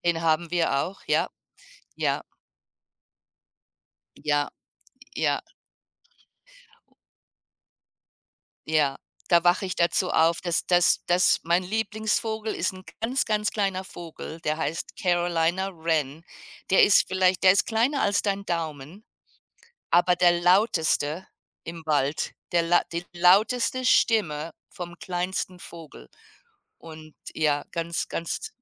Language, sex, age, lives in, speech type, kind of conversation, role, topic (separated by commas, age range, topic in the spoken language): German, female, 55-59, United States, conversation, unstructured, none, Welche kleinen Dinge machen dich glücklich?